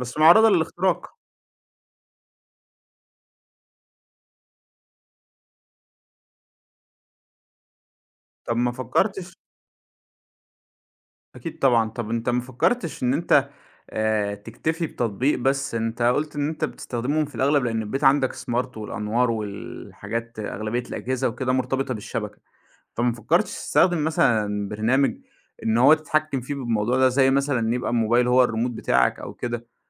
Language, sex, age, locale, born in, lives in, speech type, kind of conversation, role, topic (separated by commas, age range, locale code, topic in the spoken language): Arabic, male, 25-29, ar-EG, Egypt, Egypt, conversation, podcast, host, السماح للمساعدات الذكية بالاستماع في البيت